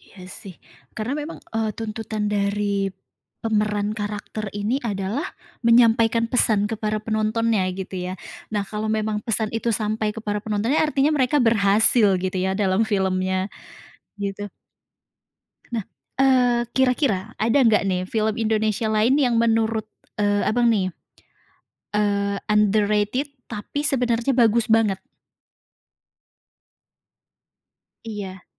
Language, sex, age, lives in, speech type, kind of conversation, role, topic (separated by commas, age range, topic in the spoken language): Indonesian, female, 30-34, Indonesia, conversation, podcast, host, Film Indonesia apa yang paling berkesan buat kamu, dan kenapa?